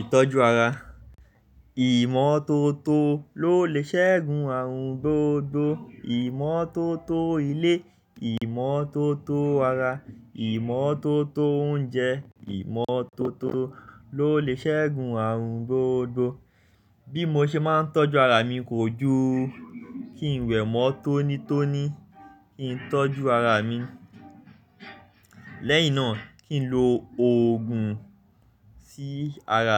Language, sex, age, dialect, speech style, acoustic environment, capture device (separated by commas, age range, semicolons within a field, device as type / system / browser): Yoruba, male, 25-34, Nigeria - Lagos Yoruba, focused, music, mobile / Linux / Chrome